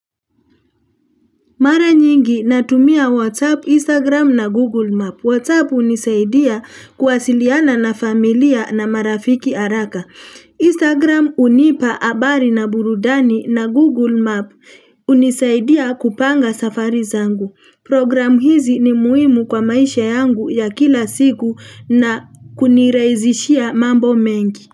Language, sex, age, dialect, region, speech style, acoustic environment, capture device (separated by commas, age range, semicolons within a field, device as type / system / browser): Swahili, female, 35-44, Kenya - Nairobi Sheng-influenced, Kenya, happy; tired; relaxed; excited; focused, people talking; nature; traffic; construction; appliances, mobile / Linux / Chrome